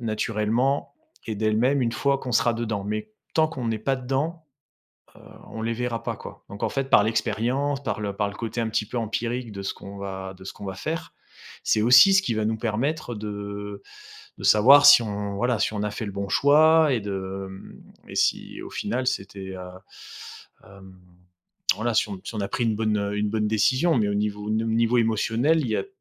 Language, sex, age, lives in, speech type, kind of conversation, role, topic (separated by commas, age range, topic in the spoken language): French, male, 35-39, France, conversation, advice, advisor, Comment puis-je évaluer le coût émotionnel de mes choix pour éviter de retomber dans de mauvaises habitudes ?